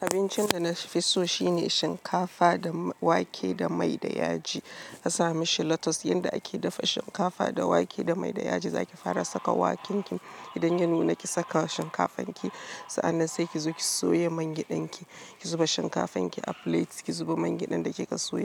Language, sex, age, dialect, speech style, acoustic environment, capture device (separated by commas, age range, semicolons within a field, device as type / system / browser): Hausa, female, 35-44, Nigeria - Lagos Yoruba, happy, silence, mobile / Linux / Chrome